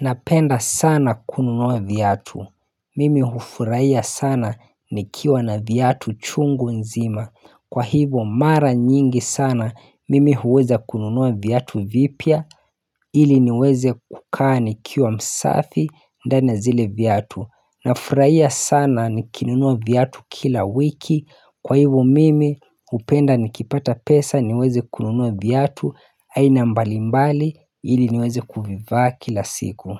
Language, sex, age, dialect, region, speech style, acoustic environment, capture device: Swahili, male, 25-34, Kenya - Mombasa, Kenya, happy; relaxed; focused, nature; silence, mobile / Linux / Chrome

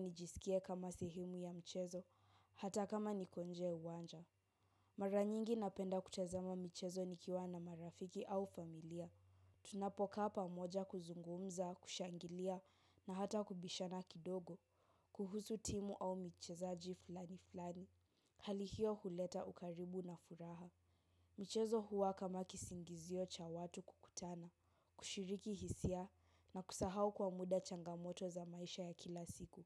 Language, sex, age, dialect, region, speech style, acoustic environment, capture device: Swahili, female, 18-24, Kenya - Nairobi Sheng-influenced, Kenya, relaxed, silence; appliances, mobile / macOS / Safari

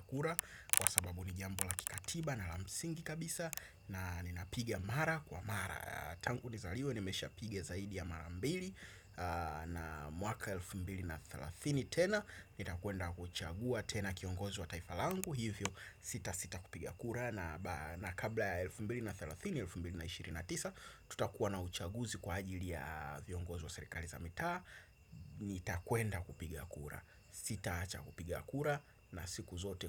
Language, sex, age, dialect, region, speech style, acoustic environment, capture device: Swahili, male, 25-34, Tanzania - Dar es Salaam, Tanzania, excited, traffic; nature; appliances, desktop / Windows / Chrome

